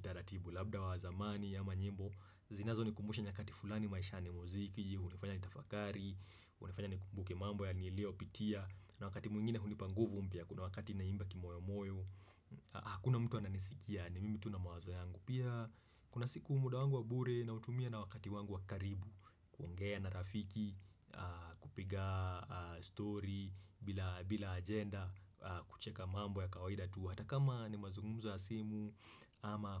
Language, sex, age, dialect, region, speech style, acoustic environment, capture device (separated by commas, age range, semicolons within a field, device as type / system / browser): Swahili, male, 25-34, Kenya - Nairobi Sheng-influenced, Kenya, happy; relaxed; excited; focused, silence; nature, desktop / Windows / Chrome